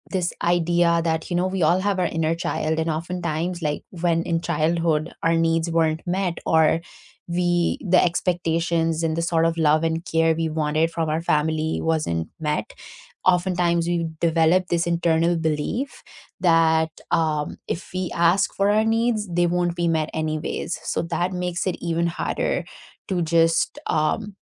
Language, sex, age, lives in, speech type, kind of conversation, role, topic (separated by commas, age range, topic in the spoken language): English, female, 25-29, United States, conversation, unstructured, none, What is the hardest part about negotiating your needs with others?